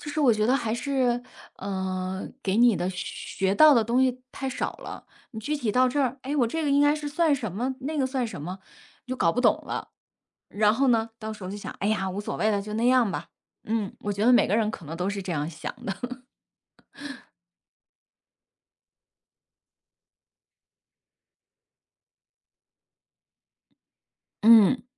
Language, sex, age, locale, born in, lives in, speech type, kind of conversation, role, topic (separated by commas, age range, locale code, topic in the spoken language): Chinese, female, 40-44, zh-CN, China, United States, conversation, unstructured, none, 你觉得为什么保护环境很重要？